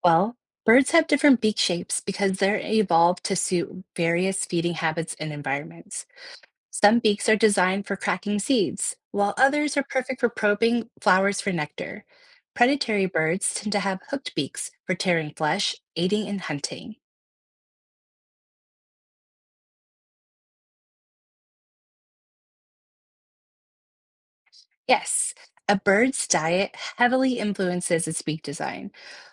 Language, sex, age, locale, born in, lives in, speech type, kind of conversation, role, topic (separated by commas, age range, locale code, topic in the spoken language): English, female, 30-34, en-US, United States, United States, read, expert-assistant, expert, Why do different birds have different beaks?